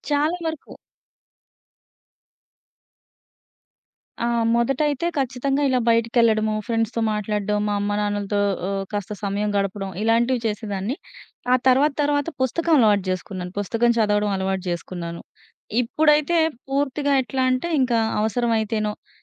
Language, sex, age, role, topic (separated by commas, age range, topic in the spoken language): Telugu, female, 30-34, guest, ఒక గంట ఫోన్ లేకుండా గడిపితే మీరు ఏం చేస్తారు?